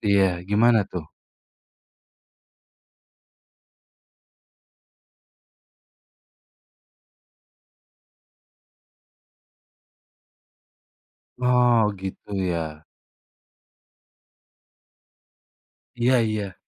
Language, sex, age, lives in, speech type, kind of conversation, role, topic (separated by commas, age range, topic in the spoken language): Indonesian, male, 25-29, Indonesia, conversation, advice, advisor, Bagaimana perfeksionisme membuat tugas Anda tidak pernah selesai?